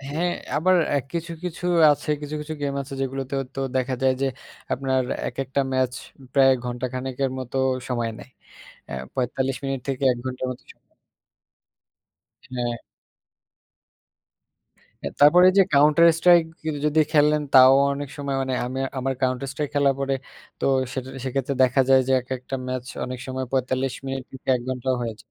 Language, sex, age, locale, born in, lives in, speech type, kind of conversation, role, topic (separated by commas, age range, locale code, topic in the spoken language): Bengali, male, 20-24, bn-BD, Bangladesh, Bangladesh, conversation, unstructured, none, ভিডিও গেম কি আমাদের দৈনন্দিন মানসিক চাপ কমাতে সাহায্য করে?